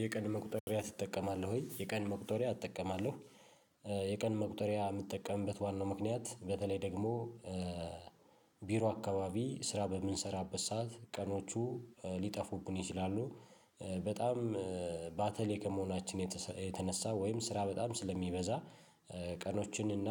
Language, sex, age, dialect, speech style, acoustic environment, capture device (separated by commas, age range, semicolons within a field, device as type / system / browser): Amharic, male, 35-44, Ethiopia - Addis Ababa, happy; relaxed, silence, mobile / Linux / Chrome